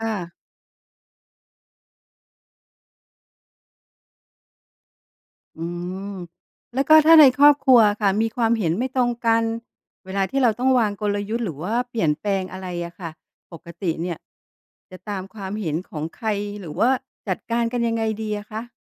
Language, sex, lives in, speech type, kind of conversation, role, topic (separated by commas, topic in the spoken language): Thai, female, Thailand, read, expert-assistant, asker, คนไทยนิยมทำธุรกิจแบบครอบครัวเพราะอะไร และวิธีคิดนี้มีผลต่อกลยุทธ์ยังไง